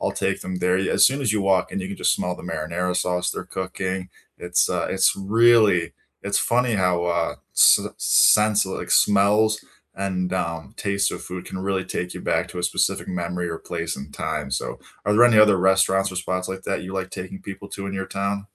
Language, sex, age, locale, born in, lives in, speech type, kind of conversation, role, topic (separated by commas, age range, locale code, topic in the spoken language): English, male, 25-29, en-US, United States, United States, conversation, unstructured, none, What local places do you most enjoy showing visitors in your town?